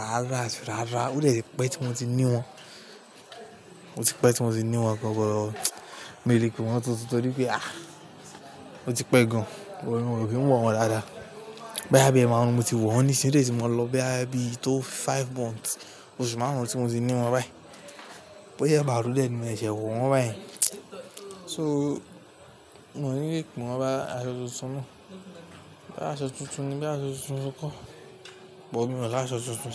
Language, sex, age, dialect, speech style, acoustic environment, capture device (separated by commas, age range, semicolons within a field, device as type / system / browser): Yoruba, male, 18-24, Nigeria - Lagos Yoruba, relaxed, nature; people talking, mobile / Linux / Chrome